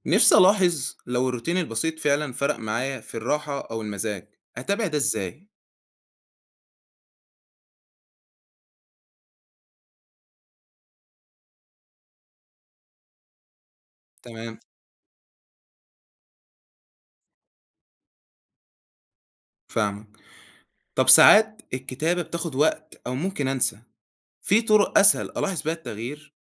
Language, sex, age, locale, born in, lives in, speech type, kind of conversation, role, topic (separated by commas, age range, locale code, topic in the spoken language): Arabic, male, 18-19, ar-EG, Egypt, Egypt, read, expert-assistant, asker, إزاي أقدر أخلق روتين يومي يساعدني أحافظ على صحتي النفسية وسط الزحمة والمشاغل؟